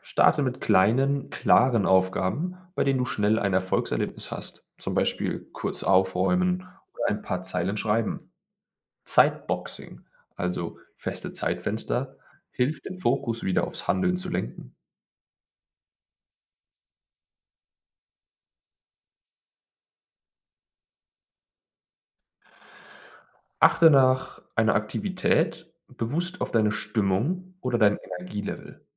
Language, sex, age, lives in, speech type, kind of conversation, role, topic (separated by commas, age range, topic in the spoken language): German, male, 25-29, Germany, read, expert-assistant, expert, Wie kann ich herausfinden, ob meine Ziele wirklich zu mir passen oder von anderen geprägt sind?